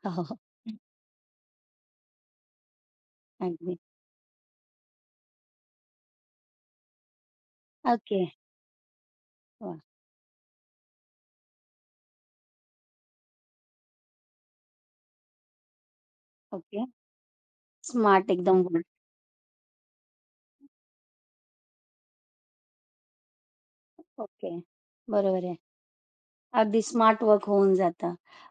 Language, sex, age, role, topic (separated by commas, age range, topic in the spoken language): Marathi, female, 45-49, host, दिवसभरातील ऊर्जा-स्तरांतील चढ-उतार आपण कसे लक्षात ठेवू शकतो?